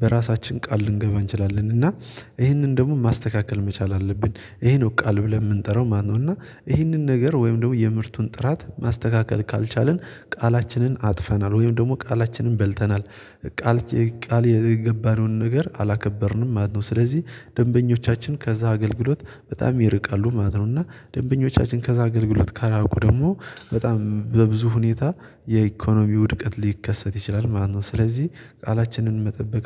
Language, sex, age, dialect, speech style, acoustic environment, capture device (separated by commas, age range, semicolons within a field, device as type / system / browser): Amharic, male, 18-24, Ethiopia - Gojjam, focused, silence, mobile / Linux / Chrome